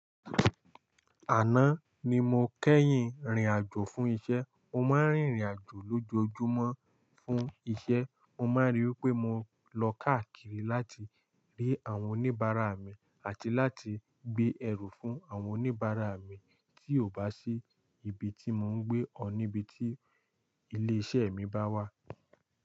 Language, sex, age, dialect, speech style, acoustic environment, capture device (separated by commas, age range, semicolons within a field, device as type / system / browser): Yoruba, male, 18-24, Nigeria - Lagos Yoruba, excited, silence, mobile / Linux / Chrome